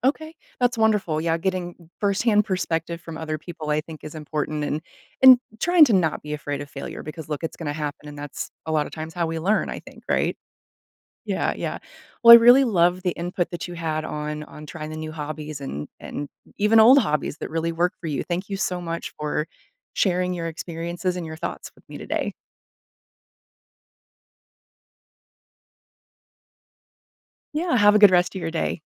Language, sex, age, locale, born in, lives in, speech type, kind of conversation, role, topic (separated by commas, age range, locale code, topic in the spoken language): English, female, 40-44, en-US, United States, United States, conversation, podcast, host, In what ways can exploring new hobbies support emotional well-being?